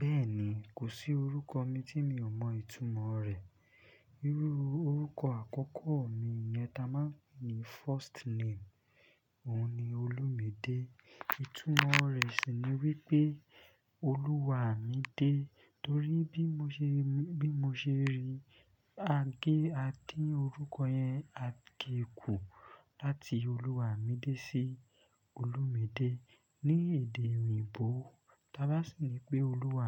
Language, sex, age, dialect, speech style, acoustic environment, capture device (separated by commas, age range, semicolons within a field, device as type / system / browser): Yoruba, male, 25-34, Nigeria - Lagos Yoruba, focused, silence, desktop / Linux / Chrome